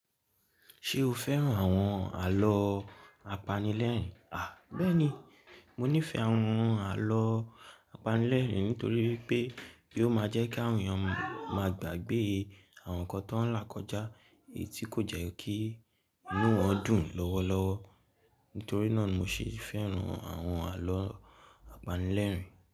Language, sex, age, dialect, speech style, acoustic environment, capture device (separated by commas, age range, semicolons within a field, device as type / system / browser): Yoruba, male, 18-24, Nigeria - Lagos Yoruba, relaxed, nature, mobile / Linux / Chrome